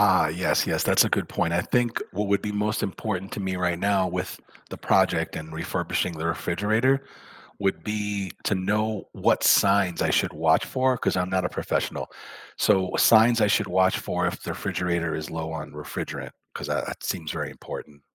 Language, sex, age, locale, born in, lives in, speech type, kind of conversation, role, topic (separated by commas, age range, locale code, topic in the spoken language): English, male, 55-59, en-US, United States, United States, read, expert-assistant, asker, How does a refrigerator keep food cold and fresh?